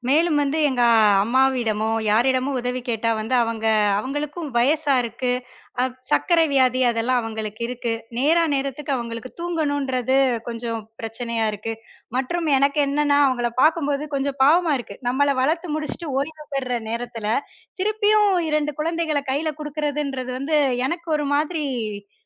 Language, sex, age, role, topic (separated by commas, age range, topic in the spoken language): Tamil, female, 30-34, guest, வீட்டுப் பணிகளை குடும்பத்தில் சமமாகப் பகிர்வதைப் பற்றி உங்கள் அணுகுமுறை என்ன?